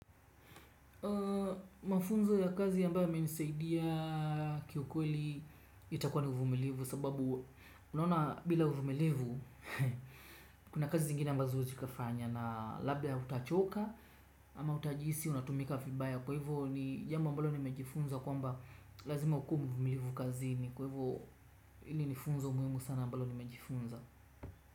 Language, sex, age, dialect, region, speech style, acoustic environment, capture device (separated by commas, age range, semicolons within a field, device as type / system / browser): Swahili, male, 25-34, Kenya - Mombasa, Kenya, tired, nature, desktop / Windows / Chrome